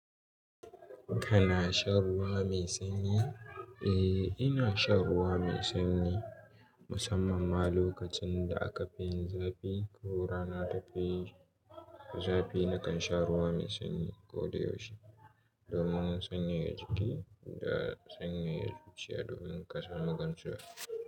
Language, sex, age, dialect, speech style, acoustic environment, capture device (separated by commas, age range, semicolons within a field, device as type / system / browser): Hausa, male, 18-24, Nigeria - Katsina, happy, nature, mobile / Linux / Chrome